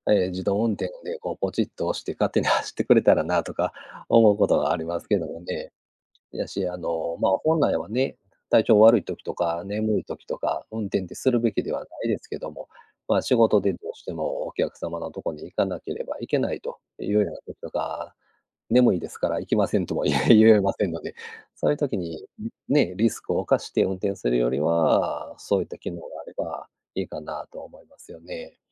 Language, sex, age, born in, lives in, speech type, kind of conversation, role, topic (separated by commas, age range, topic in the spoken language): Japanese, male, 50-54, Japan, Japan, conversation, unstructured, none, 自動運転車が普及したら、私たちの生活はどのように変わると思いますか？